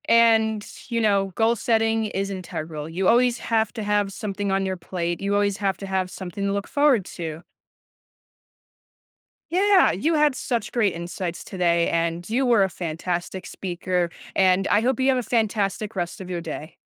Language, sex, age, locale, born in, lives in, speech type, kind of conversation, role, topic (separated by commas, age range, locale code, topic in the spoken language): English, other, 20-24, en-US, United States, United States, conversation, podcast, host, What strategies help you maintain focus in a world full of digital distractions?